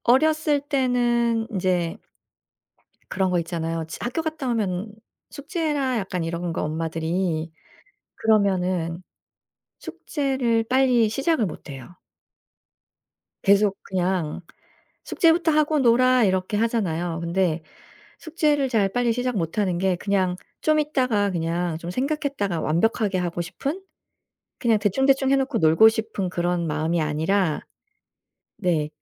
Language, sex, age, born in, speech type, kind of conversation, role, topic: Korean, female, 45-49, South Korea, conversation, advice, user, 완벽주의 때문에 작은 실수에도 과도한 스트레스를 받는 상황을 설명해 주실 수 있나요?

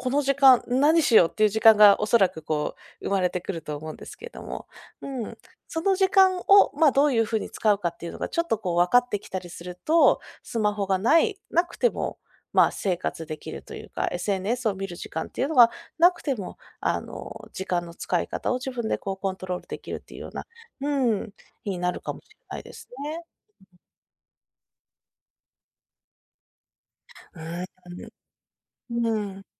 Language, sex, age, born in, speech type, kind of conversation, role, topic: Japanese, female, 30-34, Japan, conversation, advice, advisor, スマホやSNSで時間を浪費して仕事に集中できない状況を、どうすれば改善できますか？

